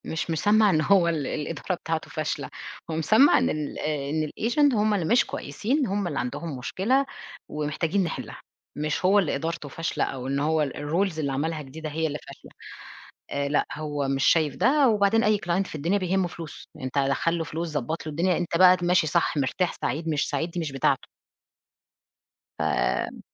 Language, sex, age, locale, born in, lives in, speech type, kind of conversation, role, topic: Arabic, female, 40-44, ar-EG, Egypt, Portugal, conversation, podcast, guest, بتفضل تشتغل لوحدك ولا مع فريق، وليه؟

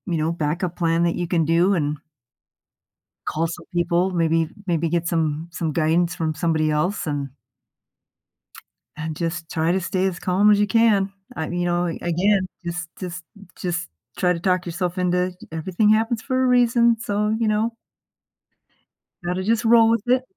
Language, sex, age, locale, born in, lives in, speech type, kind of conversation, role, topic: English, female, 55-59, en-US, United States, United States, conversation, podcast, guest, What strategies help you stay flexible and positive when travel plans go wrong?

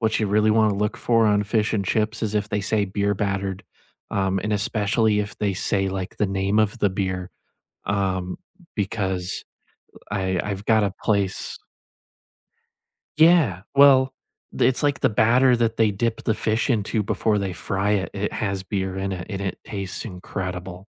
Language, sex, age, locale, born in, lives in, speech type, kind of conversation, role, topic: English, male, 20-24, en-US, United States, United States, conversation, unstructured, none, Which hidden gems in your city do you love showing visiting friends, and why?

